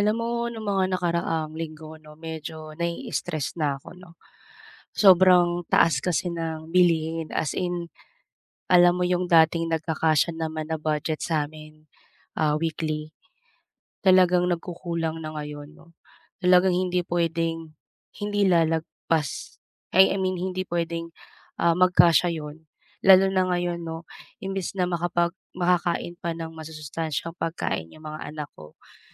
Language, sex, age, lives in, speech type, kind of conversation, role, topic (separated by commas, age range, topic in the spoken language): Filipino, female, 35-39, Philippines, conversation, advice, user, Paano ako makakapamili nang hindi lumalampas sa badyet?